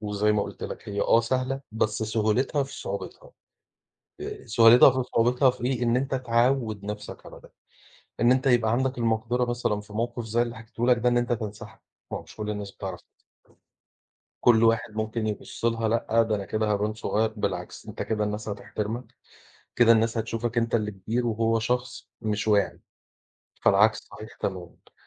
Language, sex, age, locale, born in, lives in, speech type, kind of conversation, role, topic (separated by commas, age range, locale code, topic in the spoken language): Arabic, male, 40-44, ar-EG, Egypt, Portugal, conversation, unstructured, none, إزاي بتتعامل مع موقف بيحتاج إنك تاخد قرار أخلاقي صعب؟